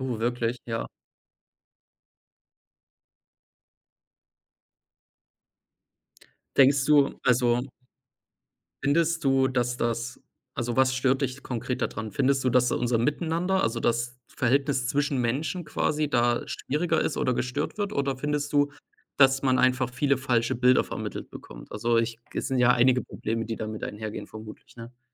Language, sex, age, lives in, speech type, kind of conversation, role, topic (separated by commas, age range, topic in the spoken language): German, male, 25-29, Germany, conversation, unstructured, none, Wie beeinflussen soziale Medien unser Miteinander?